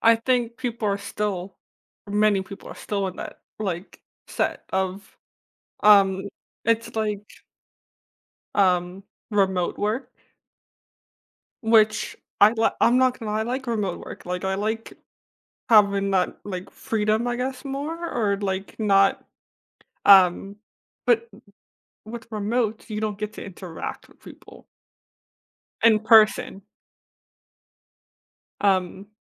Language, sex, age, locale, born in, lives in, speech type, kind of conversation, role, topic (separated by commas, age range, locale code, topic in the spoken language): English, female, 20-24, en-US, United States, United States, conversation, podcast, host, What strategies help you stay resilient and balanced during challenging times at work?